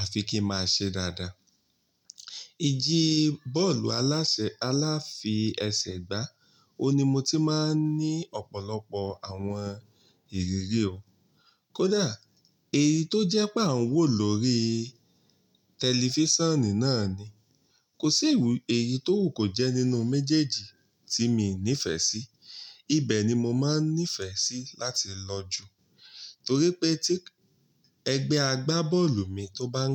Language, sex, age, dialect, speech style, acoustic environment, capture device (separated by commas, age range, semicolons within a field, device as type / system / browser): Yoruba, male, 35-44, Nigeria - Ibadan, relaxed, nature, mobile / Linux / Chrome